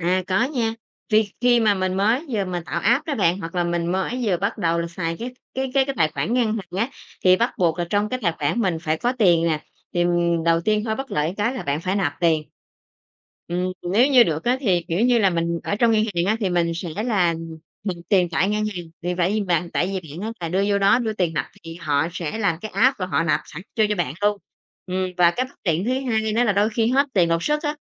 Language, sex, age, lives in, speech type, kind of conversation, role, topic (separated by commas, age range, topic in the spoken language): Vietnamese, female, 30-34, Vietnam, conversation, podcast, guest, Bạn nghĩ sao về xu hướng thanh toán không dùng tiền mặt hiện nay?